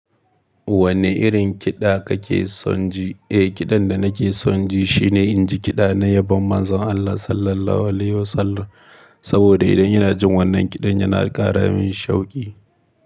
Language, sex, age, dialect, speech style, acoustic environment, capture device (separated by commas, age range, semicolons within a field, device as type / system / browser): Hausa, male, 25-34, Nigeria - Kano, happy, silence, mobile / Linux / Chrome